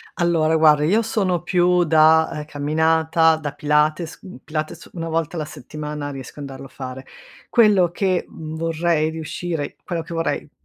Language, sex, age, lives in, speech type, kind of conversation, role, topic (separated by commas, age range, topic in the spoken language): Italian, female, 55-59, Italy, conversation, advice, user, Come posso creare una routine mattutina per ridurre lo stress e prepararmi al meglio alla giornata?